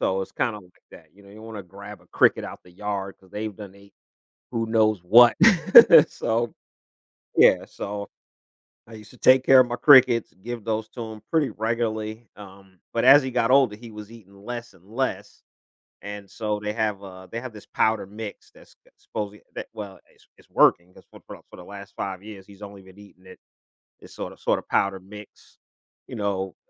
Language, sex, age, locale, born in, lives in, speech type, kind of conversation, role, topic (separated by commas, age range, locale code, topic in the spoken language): English, male, 55-59, en-US, United States, United States, conversation, unstructured, none, What makes pets such important companions in our lives?